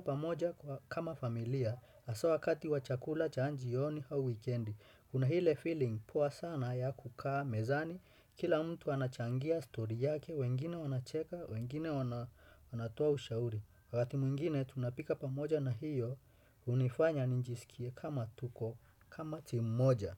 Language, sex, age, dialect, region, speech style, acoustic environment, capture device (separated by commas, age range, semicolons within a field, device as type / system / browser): Swahili, male, 25-34, Kenya - Nairobi Sheng-influenced, Kenya, happy; relaxed; focused, nature, desktop / Windows / Chrome